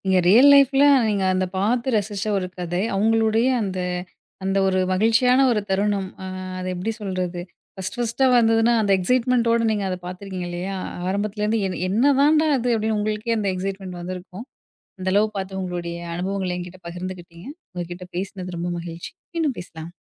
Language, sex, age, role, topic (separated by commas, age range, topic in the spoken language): Tamil, female, 30-34, host, உங்களுக்கு மிகவும் பிடித்த கதை எது, அது ஏன் பிடிக்கும்?